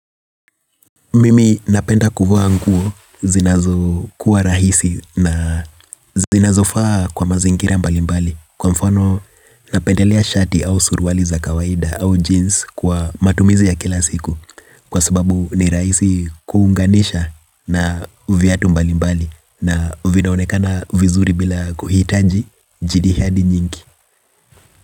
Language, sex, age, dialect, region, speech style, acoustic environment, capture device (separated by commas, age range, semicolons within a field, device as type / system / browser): Swahili, male, 25-34, Kenya - Nairobi Sheng-influenced, Kenya, relaxed, nature; appliances, mobile / Linux / Chrome